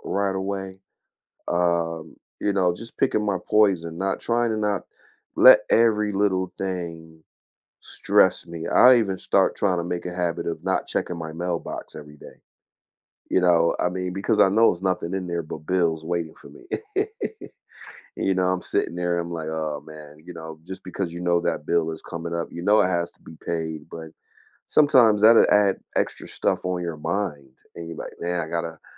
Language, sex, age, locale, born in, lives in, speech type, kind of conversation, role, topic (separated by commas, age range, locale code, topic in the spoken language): English, male, 45-49, en-US, United States, United States, conversation, unstructured, none, What small daily habit has been brightening your day lately?